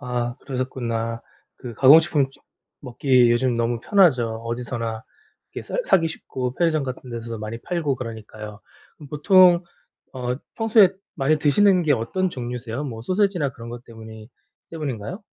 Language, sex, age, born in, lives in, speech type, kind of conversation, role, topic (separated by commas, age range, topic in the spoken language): Korean, male, 40-44, South Korea, South Korea, conversation, advice, advisor, 가공식품 섭취를 줄이려면 어디서부터 시작하는 것이 좋을까요?